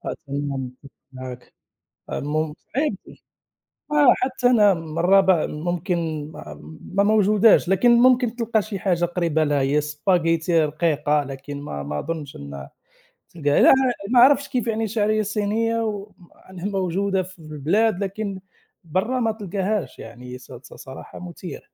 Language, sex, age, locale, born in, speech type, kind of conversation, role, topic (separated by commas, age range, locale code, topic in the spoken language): Arabic, male, 30-34, ar-MA, Morocco, conversation, unstructured, none, إيه أحلى ذكرياتك مع الأكلات اللي عيلتك بتعملها؟